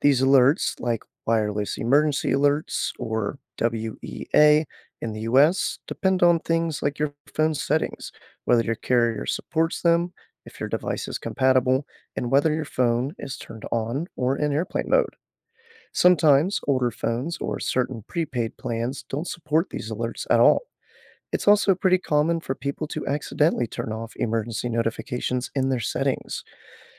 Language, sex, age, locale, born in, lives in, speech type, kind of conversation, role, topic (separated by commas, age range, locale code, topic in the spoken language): English, male, 25-29, en-US, United States, United States, read, expert-assistant, expert, Why do some phones not get emergency alerts?